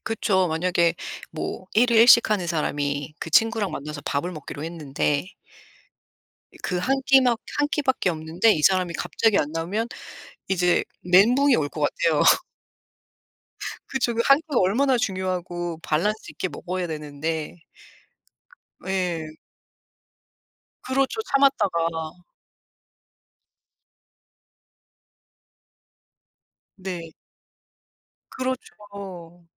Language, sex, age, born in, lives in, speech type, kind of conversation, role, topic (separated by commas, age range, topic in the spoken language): Korean, female, 40-44, South Korea, United States, conversation, unstructured, none, 약속을 지키지 못했을 때 어떻게 진정성 있게 사과하면 좋을까요?